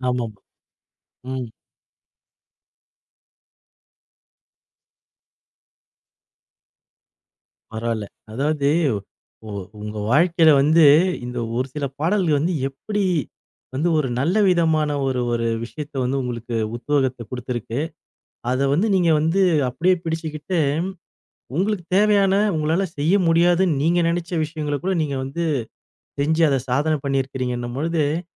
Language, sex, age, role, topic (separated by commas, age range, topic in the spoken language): Tamil, male, 40-44, host, உங்களைப் பற்றி சொல்ல நீங்கள் எந்தப் பாடலைத் தேர்வு செய்வீர்கள்?